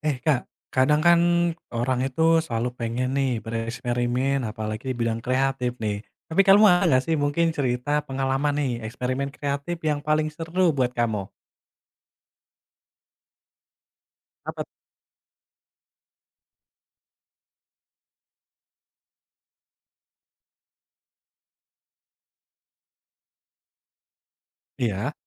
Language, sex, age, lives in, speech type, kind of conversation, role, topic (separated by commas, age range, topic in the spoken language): Indonesian, male, 25-29, Indonesia, conversation, podcast, host, Ceritakan pengalaman eksperimen kreatif paling seru yang pernah kamu lakukan?